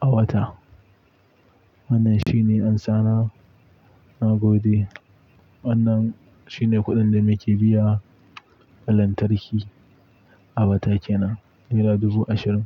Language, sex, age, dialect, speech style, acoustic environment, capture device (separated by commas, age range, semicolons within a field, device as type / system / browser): Hausa, male, 18-24, Nigeria - Pidgin-influenced, happy; focused, nature, mobile / Linux / Chrome